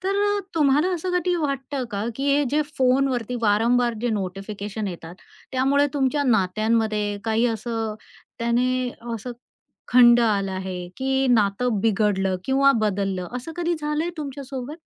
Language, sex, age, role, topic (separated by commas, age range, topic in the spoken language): Marathi, female, 45-49, host, फोनच्या सूचनांमुळे नात्यांमध्ये कोणते बदल जाणवतात?